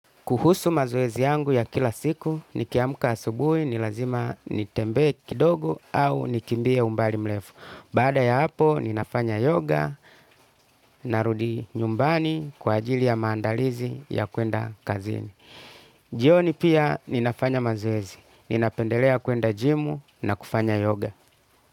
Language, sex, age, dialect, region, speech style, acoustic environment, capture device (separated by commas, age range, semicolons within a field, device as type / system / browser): Swahili, male, 35-44, Tanzania - Dar es Salaam, Tanzania, relaxed, music, desktop / Linux / Chrome